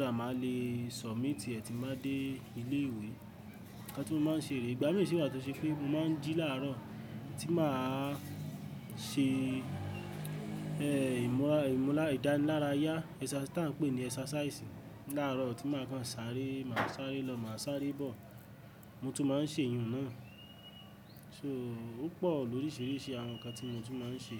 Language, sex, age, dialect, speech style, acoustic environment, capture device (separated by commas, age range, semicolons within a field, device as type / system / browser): Yoruba, male, 18-24, Nigeria - Ibadan, happy; excited, people talking; nature, mobile / Linux / Chrome